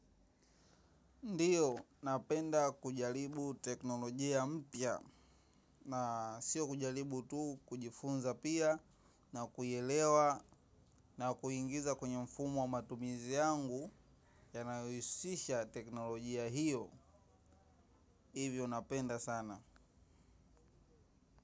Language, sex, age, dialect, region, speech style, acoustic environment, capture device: Swahili, male, 18-24, Tanzania - Dar es Salaam, Tanzania, focused; excited, traffic; people talking, mobile / macOS / Safari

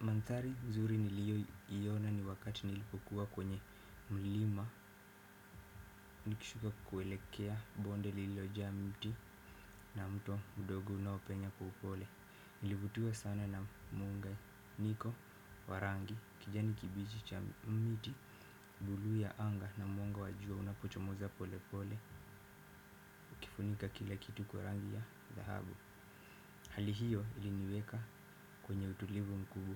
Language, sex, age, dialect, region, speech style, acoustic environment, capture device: Swahili, male, 18-24, Kenya - Mombasa, Kenya, excited, silence, desktop / Windows / Firefox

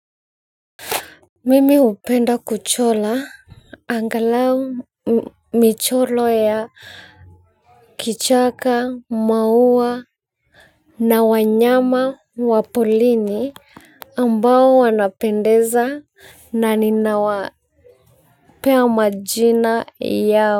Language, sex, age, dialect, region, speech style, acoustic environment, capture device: Swahili, female, 25-34, Kenya - Nairobi Sheng-influenced, Kenya, focused, silence, mobile / Linux / Chrome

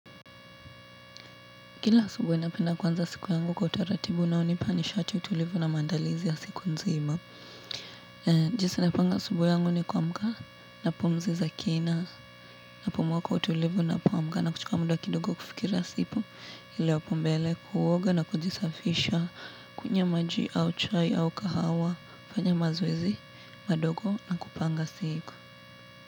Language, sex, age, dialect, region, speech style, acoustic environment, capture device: Swahili, female, 18-24, Kenya - Nairobi Sheng-influenced, Kenya, relaxed, appliances; traffic, mobile / Linux / Chrome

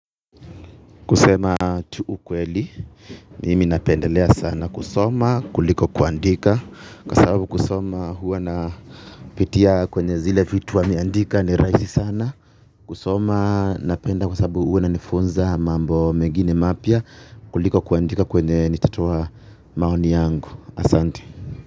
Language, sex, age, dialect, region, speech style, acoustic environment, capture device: Swahili, male, 25-34, Kenya - Nairobi Sheng-influenced, Kenya, relaxed, people talking, mobile / Linux / Chrome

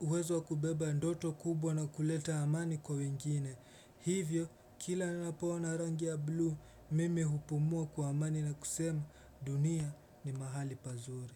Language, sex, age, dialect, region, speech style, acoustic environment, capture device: Swahili, male, 18-24, Kenya - Mombasa, Kenya, relaxed; focused, silence; appliances, desktop / Windows / Chrome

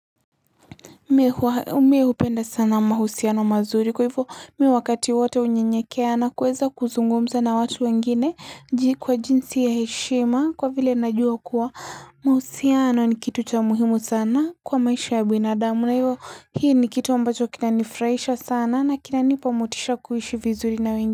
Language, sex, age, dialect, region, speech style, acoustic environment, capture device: Swahili, female, 18-24, Kenya - Mombasa, Kenya, happy, people talking, mobile / Linux / Chrome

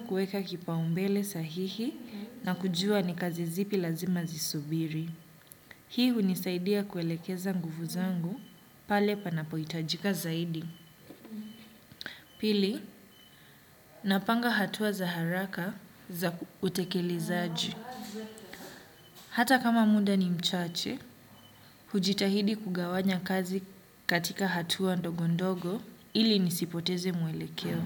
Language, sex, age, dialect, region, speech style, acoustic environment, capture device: Swahili, male, 25-34, Kenya - Mombasa, Kenya, happy, silence, desktop / Windows / Chrome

